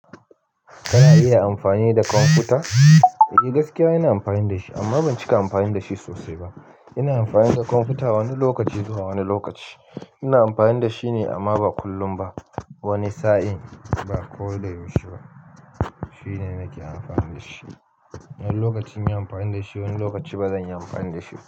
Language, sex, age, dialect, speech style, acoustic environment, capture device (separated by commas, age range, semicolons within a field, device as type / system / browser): Hausa, male, 25-34, Nigeria - Nigerian Standard, relaxed, silence, mobile / Linux / Chrome